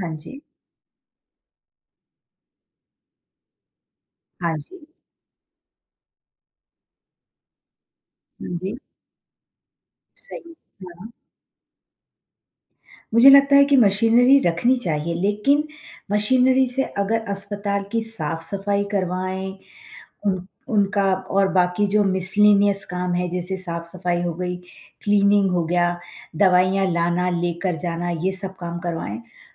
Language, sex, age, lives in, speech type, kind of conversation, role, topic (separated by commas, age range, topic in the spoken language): Hindi, female, 50-54, United States, conversation, unstructured, none, कृत्रिम बुद्धिमत्ता ने स्वास्थ्य सेवा प्रदाताओं का कार्यभार कैसे कम किया है?